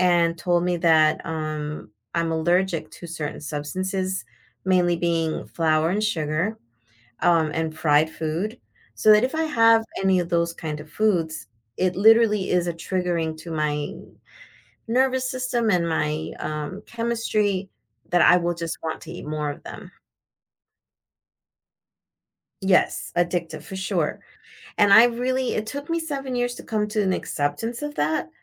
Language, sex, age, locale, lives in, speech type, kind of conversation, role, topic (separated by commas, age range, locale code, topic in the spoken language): English, female, 50-54, en-US, United States, conversation, advice, user, How can I build resilience after a recent setback?